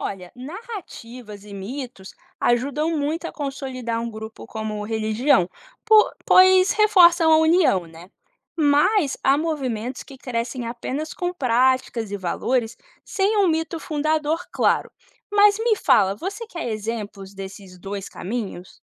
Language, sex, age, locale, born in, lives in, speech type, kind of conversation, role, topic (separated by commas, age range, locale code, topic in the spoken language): Portuguese, female, 25-29, pt-BR, Brazil, United States, read, expert-assistant, expert, Como novas religiões surgem e se diferenciam de tendências sociais?